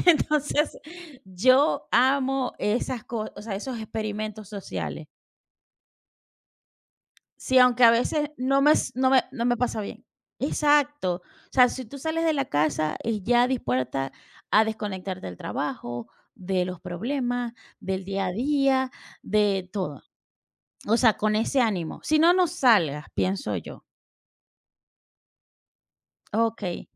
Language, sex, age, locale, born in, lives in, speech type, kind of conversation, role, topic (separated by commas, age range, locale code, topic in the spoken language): Spanish, female, 40-44, es-VE, Venezuela, United States, conversation, unstructured, none, ¿Cómo te gusta pasar un día especial con tu familia?